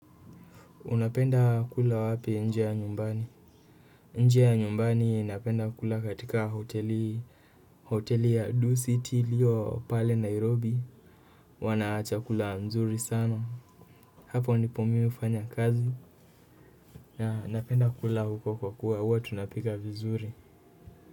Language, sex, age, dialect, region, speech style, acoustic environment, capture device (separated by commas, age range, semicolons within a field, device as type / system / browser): Swahili, male, 18-24, Kenya - Kiambu, Kenya, nervous, nature, desktop / Windows / Chrome